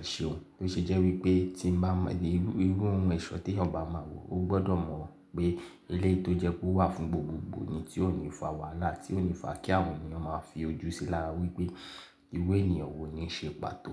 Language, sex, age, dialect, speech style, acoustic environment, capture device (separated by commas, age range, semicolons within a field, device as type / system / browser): Yoruba, male, 25-34, Nigeria - Ibadan, focused, silence, mobile / Linux / Chrome